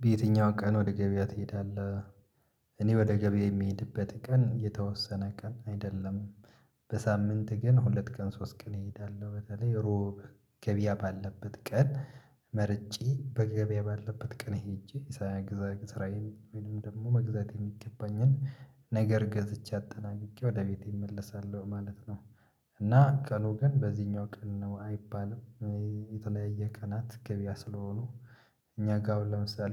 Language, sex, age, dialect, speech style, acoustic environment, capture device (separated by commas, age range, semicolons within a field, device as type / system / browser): Amharic, male, 25-34, Ethiopia - Addis Ababa, happy, silence, mobile / Linux / Chrome